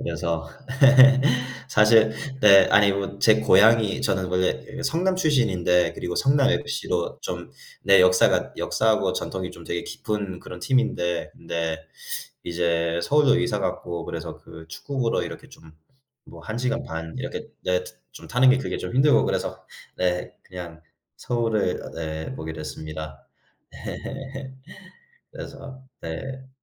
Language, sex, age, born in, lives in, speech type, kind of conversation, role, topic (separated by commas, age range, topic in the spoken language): Korean, male, 25-29, United States, United States, conversation, unstructured, none, 좋아하는 스포츠 팀을 응원하게 된 이유는 무엇인가요?